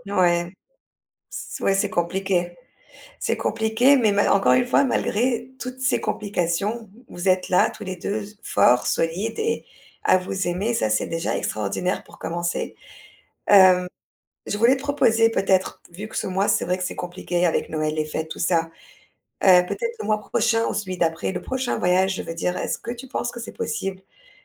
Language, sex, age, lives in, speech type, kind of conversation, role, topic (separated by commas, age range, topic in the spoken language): French, female, 35-39, Spain, conversation, advice, advisor, Pourquoi ma relation à distance est-elle devenue difficile ?